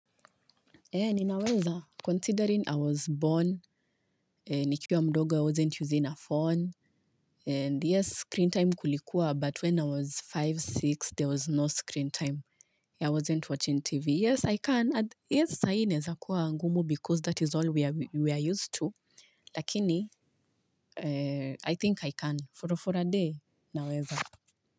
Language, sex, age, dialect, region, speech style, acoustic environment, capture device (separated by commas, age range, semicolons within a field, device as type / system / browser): Swahili, female, 25-34, Kenya - Nairobi Sheng-influenced, Kenya, focused, silence, mobile / Linux / Chrome